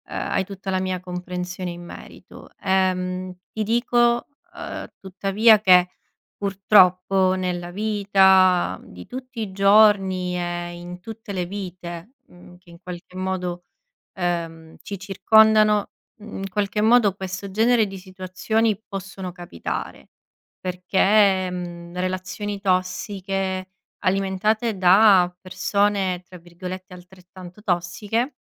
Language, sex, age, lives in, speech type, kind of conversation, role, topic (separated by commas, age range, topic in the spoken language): Italian, female, 30-34, Italy, conversation, advice, advisor, Come posso affrontare la paura di impegnarmi dopo le relazioni passate?